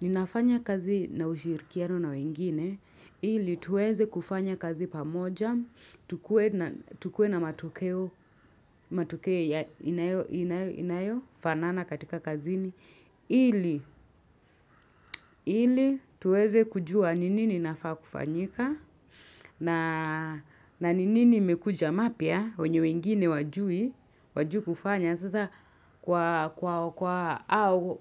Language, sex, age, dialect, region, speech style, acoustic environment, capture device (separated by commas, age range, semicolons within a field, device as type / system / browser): Swahili, female, 25-34, Kenya - Nairobi Sheng-influenced, Kenya, relaxed, nature, mobile / Linux / Chrome